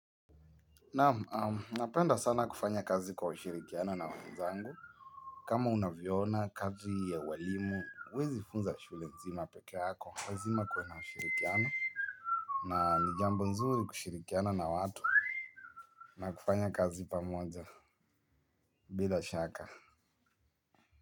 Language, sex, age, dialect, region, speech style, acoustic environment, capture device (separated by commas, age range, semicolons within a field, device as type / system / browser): Swahili, male, 18-24, Kenya - Nairobi Sheng-influenced, Kenya, happy, people talking; nature, mobile / Linux / Chrome